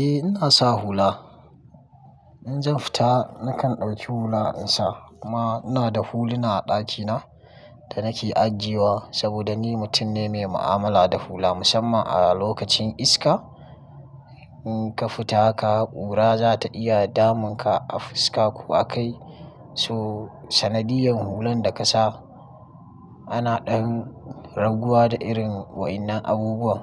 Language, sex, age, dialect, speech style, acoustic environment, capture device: Hausa, male, 25-34, Nigeria - Kano, happy; excited, nature; construction, mobile / Linux / Chrome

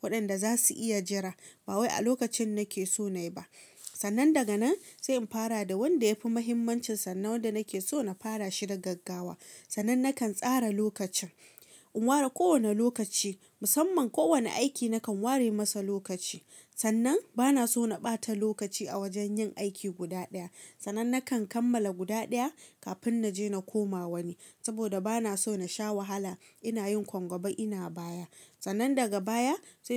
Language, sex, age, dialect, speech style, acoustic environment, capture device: Hausa, female, 25-34, Nigeria - Kano, relaxed, silence, mobile / Linux / Chrome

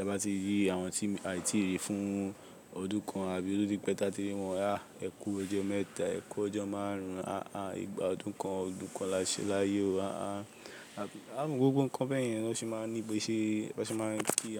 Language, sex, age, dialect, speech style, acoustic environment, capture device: Yoruba, male, 18-24, Nigeria - Lagos, focused, people talking; construction; appliances, mobile / Linux / Chrome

